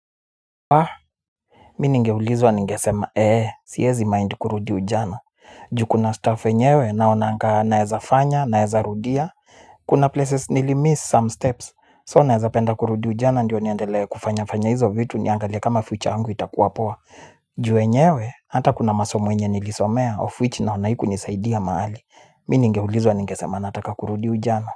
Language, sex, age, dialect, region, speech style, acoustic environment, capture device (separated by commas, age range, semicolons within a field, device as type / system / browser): Swahili, male, 25-34, Kenya - Nairobi Sheng-influenced, Kenya, nervous; excited; focused, people talking; music; nature, mobile / Linux / Chrome